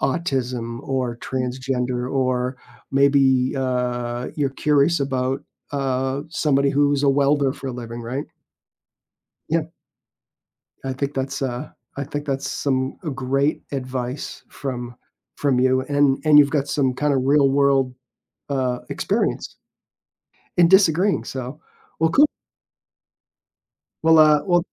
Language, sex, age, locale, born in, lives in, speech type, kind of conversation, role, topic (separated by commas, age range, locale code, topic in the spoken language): English, male, 55-59, en-US, United States, United States, conversation, podcast, host, How can we have respectful conversations with people who see the world differently?